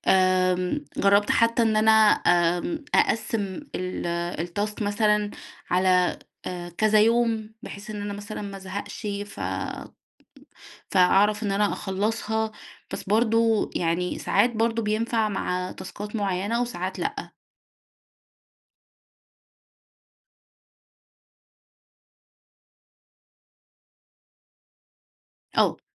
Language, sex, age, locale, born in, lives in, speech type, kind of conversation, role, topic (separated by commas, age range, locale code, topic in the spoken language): Arabic, female, 30-34, ar-EG, Egypt, Egypt, conversation, advice, user, إزاي أتجنب التشتت وأحافظ على تركيزي في جلسات الشغل اللي محتاجة تركيز؟